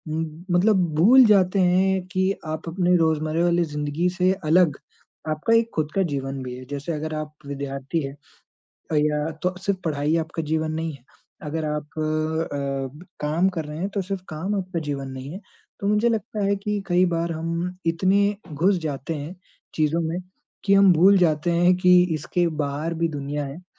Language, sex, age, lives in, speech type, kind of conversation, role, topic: Hindi, male, 20-24, India, conversation, unstructured, none, क्या नई चीज़ें सीखना आपके लिए तनाव कम करने का एक तरीका है?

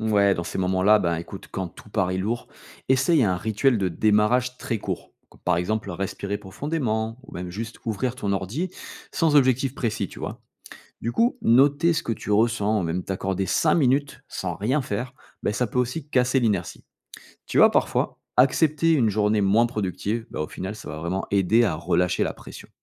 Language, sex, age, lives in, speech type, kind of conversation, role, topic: French, male, 35-39, France, read, expert-assistant, expert, Comment choisir ce qui est vraiment important quand tout semble urgent et stressant ?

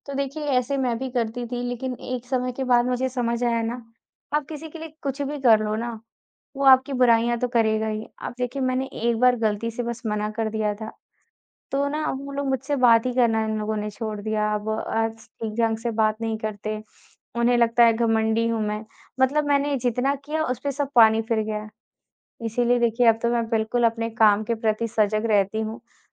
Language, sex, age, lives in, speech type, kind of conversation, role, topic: Hindi, female, 20-24, India, conversation, podcast, guest, आप काम और अपनी निजी पहचान के बीच संतुलन कैसे बनाए रखते हैं?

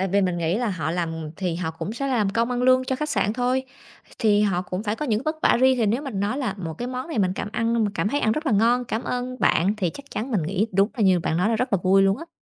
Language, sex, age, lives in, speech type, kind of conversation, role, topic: Vietnamese, female, 30-34, Vietnam, conversation, podcast, guest, Bạn có hay làm một cử chỉ nhỏ nào để bày tỏ lòng biết ơn với người nấu cho mình không?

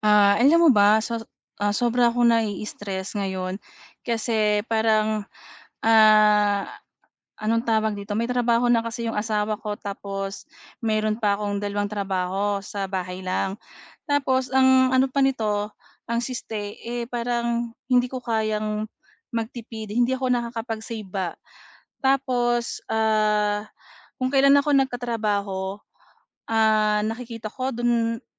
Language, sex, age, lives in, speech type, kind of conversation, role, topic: Filipino, female, 40-44, Philippines, conversation, advice, user, Paano ako makakatipid ng pera nang hindi naiistress at natatakot?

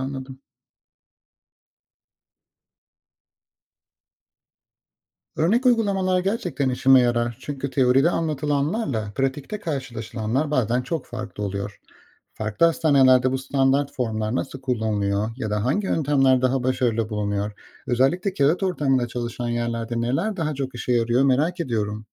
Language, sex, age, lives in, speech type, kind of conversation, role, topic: Turkish, male, 35-39, Austria, read, expert-assistant, asker, Hemşire vardiya devir tesliminde standart formlar uygulamada nasıl daha etkili kullanılabilir?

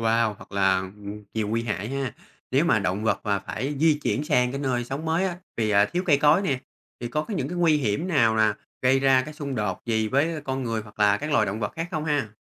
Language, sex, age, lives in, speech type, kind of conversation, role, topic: Vietnamese, male, 30-34, Vietnam, read, expert-assistant, asker, Ý nghĩa của việc bảo vệ động vật hoang dã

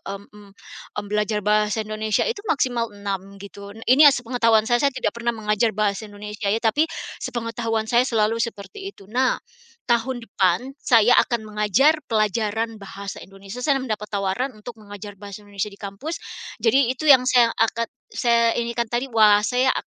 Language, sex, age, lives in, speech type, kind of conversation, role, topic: Indonesian, female, 45-49, United States, conversation, podcast, guest, Bagaimana musik membantu kamu menjaga identitas budaya saat merantau?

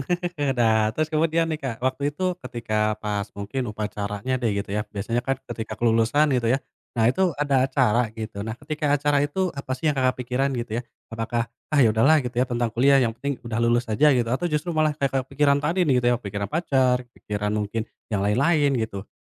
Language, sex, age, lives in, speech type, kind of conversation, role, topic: Indonesian, male, 25-29, Indonesia, conversation, podcast, host, Momen kelulusan apa yang paling berkesan bagi Anda?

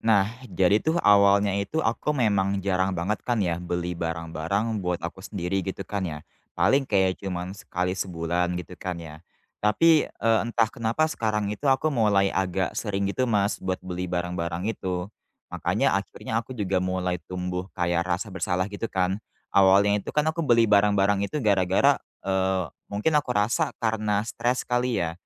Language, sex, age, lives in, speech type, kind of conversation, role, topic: Indonesian, male, 20-24, Indonesia, conversation, advice, user, Mengapa saya merasa bersalah saat mengeluarkan uang untuk kebutuhan diri sendiri?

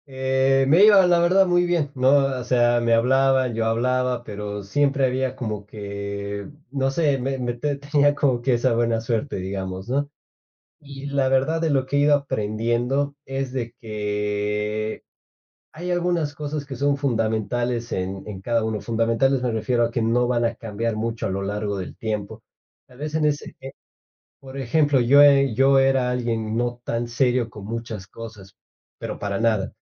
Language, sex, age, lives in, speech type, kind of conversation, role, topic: Spanish, male, 25-29, Spain, conversation, podcast, guest, ¿Qué factores consideras al elegir pareja o decidir comprometerte?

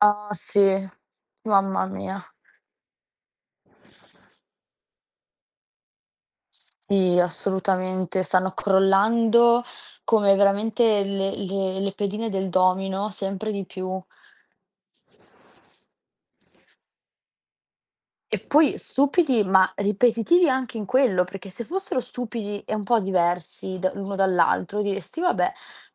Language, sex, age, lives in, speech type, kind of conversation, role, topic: Italian, female, 20-24, Italy, conversation, unstructured, none, Ti capita mai di sentirti stanco dei film ripetitivi che escono al cinema?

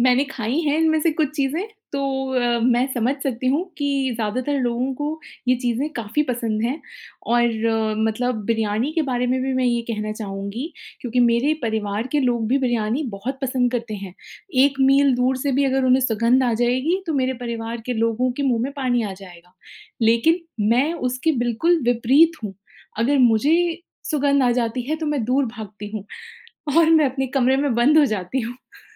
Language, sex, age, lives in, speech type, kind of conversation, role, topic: Hindi, female, 35-39, India, conversation, podcast, host, आपका सबसे पसंदीदा घर का बना खाना कौन सा है?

